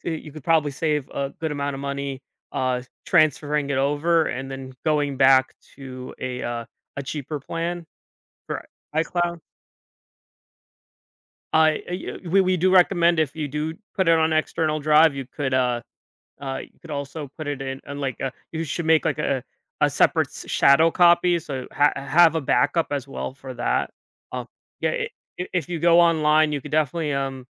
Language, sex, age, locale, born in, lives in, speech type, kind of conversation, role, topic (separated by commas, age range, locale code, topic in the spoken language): English, male, 25-29, en-US, United States, United States, conversation, advice, advisor, How can I back up and organize all my photos so I don't lose them?